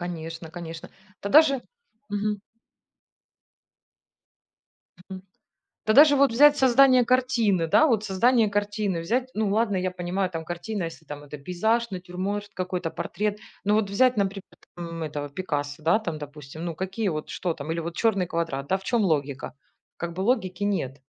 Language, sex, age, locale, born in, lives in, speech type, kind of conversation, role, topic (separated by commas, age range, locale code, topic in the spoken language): Russian, female, 40-44, ru-RU, Ukraine, Spain, conversation, unstructured, none, Что важнее для самореализации: творчество или логика?